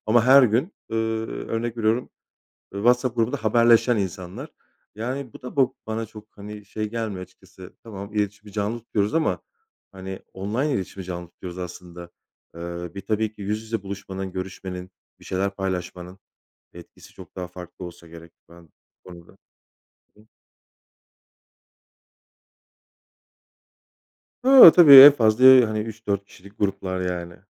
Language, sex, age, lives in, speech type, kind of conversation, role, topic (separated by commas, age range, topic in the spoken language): Turkish, male, 35-39, Poland, conversation, unstructured, none, Senin için gerçek arkadaşlık ne anlama geliyor?